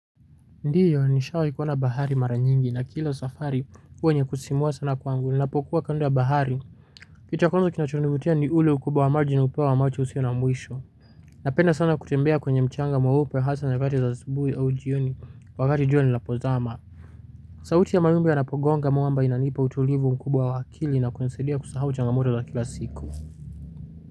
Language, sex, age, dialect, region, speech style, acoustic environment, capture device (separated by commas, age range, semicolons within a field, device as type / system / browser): Swahili, male, 18-24, Kenya - Nairobi Sheng-influenced, Kenya, focused, silence, mobile / Linux / Chrome